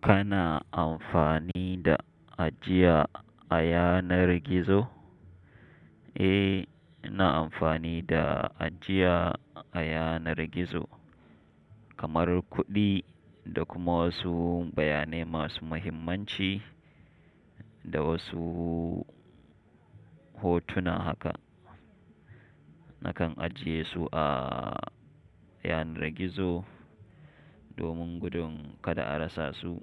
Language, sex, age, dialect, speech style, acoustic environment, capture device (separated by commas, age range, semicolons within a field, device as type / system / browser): Hausa, male, 25-34, Nigeria - Nigerian Standard, happy; focused, silence, mobile / Linux / Chrome